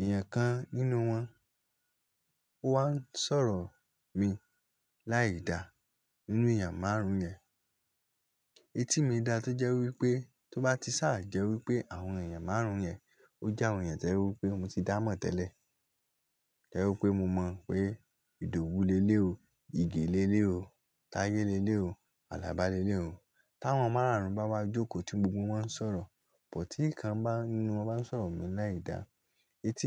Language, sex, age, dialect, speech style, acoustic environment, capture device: Yoruba, male, 18-24, Nigeria - Lagos Yoruba, relaxed; happy, nature; silence, mobile / Linux / Chrome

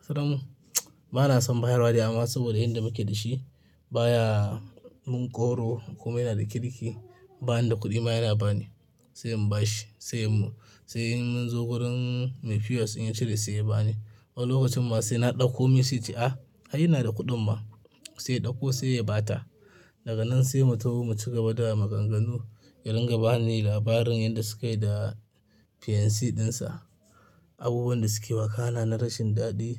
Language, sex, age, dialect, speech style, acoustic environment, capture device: Hausa, male, 25-34, Nigeria - Kano, happy, silence, mobile / Linux / Chrome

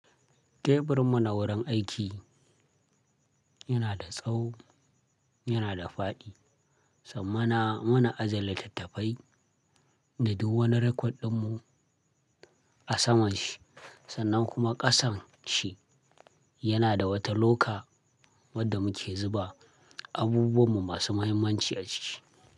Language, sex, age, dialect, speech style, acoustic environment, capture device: Hausa, male, 25-34, Nigeria - Kano, happy, people talking, desktop / Linux / Chrome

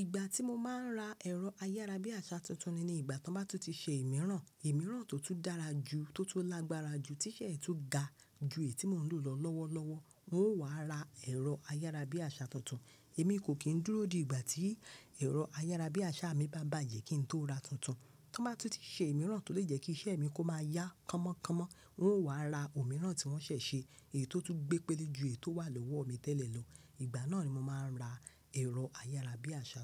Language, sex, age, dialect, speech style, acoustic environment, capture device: Yoruba, female, 25-34, Nigeria - Lagos Yoruba, happy; focused, people talking; nature, mobile / Linux / Chrome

